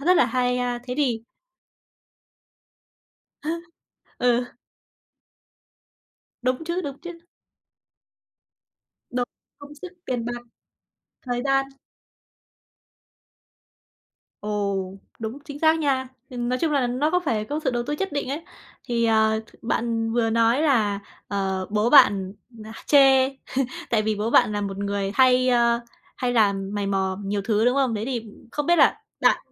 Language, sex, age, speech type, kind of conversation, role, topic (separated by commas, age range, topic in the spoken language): Vietnamese, female, 20-24, conversation, podcast, host, Bạn tự hào nhất về món đồ nào do chính tay mình làm ra?